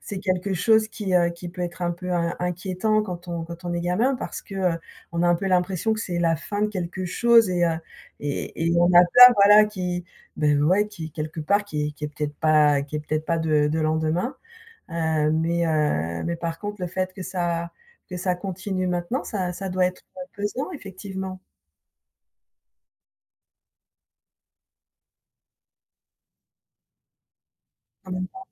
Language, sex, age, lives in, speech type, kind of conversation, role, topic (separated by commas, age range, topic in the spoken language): French, female, 55-59, France, conversation, advice, advisor, Comment faire pour arrêter les ruminations nocturnes qui m’empêchent de m’endormir ?